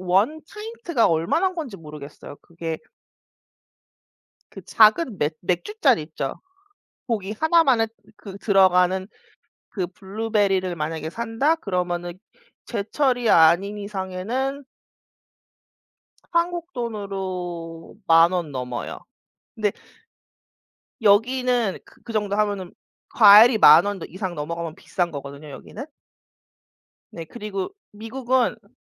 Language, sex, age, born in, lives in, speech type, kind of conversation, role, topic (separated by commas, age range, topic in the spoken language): Korean, female, 35-39, South Korea, United States, conversation, unstructured, none, 아침 식사와 저녁 식사 중 어느 식사가 더 중요하다고 생각하시나요?